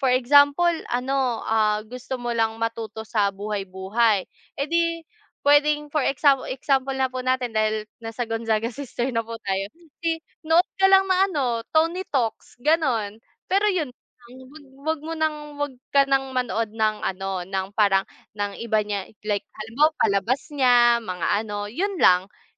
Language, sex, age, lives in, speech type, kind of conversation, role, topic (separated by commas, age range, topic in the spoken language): Filipino, female, 25-29, Philippines, conversation, unstructured, none, Ano ang nararamdaman mo kapag may artistang sinisiraan dahil lang sa kanilang personal na buhay?